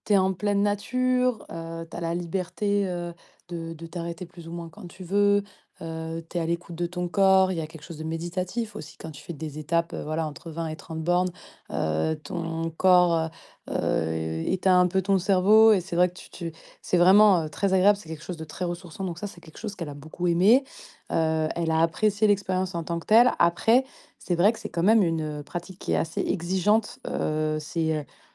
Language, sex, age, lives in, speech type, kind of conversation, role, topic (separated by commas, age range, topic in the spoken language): French, female, 30-34, France, conversation, advice, user, Comment puis-je renouer avec des passions et des centres d’intérêt abandonnés ?